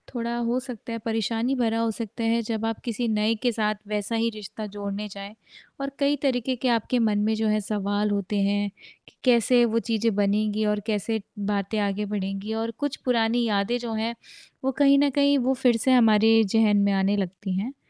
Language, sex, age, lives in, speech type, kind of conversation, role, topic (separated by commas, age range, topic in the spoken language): Hindi, female, 25-29, India, conversation, advice, advisor, पुरानी यादों से मुक्त होकर आगे कैसे बढ़ें